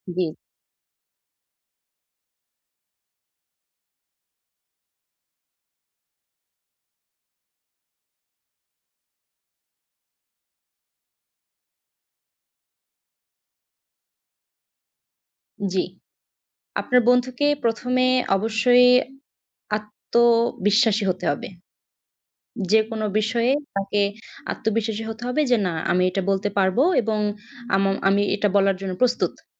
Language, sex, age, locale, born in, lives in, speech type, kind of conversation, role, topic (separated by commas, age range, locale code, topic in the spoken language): Bengali, female, 20-24, bn-BD, Bangladesh, Bangladesh, conversation, advice, advisor, আমি কীভাবে নিজের আসল স্বভাব প্রকাশ করেও সবাইকে খুশি রাখতে পারি?